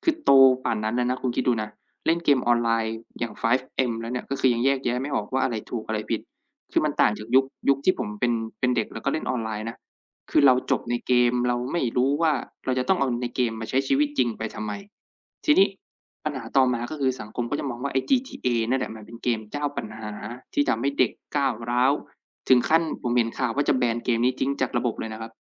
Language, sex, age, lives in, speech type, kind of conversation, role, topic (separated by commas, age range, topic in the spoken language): Thai, male, 25-29, Thailand, conversation, unstructured, none, คุณคิดว่าการเล่นเกมออนไลน์มีผลเสียมากกว่าผลดีไหม?